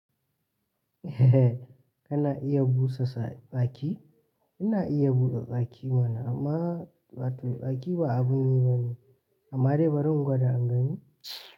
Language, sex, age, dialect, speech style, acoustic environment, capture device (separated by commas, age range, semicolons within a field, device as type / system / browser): Hausa, male, 18-24, Nigeria - Katsina, relaxed, silence, mobile / Linux / Chrome